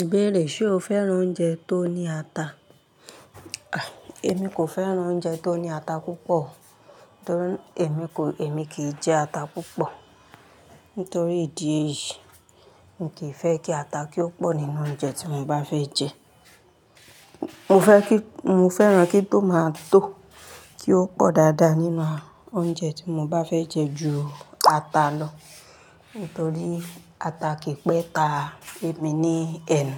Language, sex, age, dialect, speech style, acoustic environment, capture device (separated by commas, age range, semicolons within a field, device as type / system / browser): Yoruba, female, 35-44, Nigeria - Ibadan, happy; relaxed, appliances; nature; people talking, mobile / Linux / Chrome